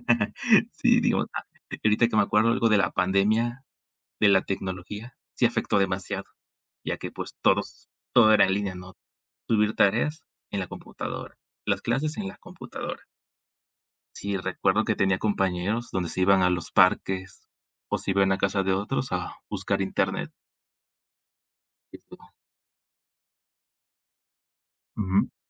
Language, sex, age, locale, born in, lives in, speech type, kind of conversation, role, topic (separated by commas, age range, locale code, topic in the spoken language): Spanish, male, 20-24, es-MX, Mexico, Mexico, conversation, unstructured, none, ¿Crees que la tecnología ha mejorado la educación?